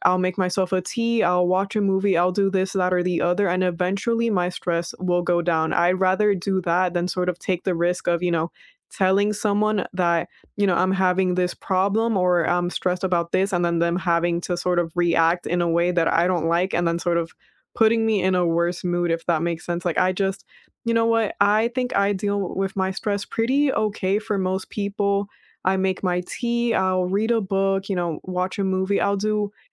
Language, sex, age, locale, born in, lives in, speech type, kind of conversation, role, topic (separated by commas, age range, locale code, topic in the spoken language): English, female, 20-24, en-US, United States, United States, conversation, unstructured, none, How do you manage stress when life gets unexpectedly busy?